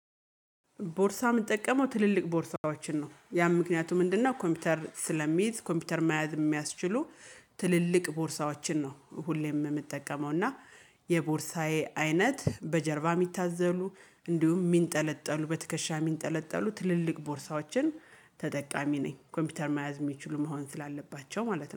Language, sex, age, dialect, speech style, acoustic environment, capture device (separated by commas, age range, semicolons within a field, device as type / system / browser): Amharic, female, 35-44, Ethiopia - Gojjam, happy, music, mobile / Linux / Chrome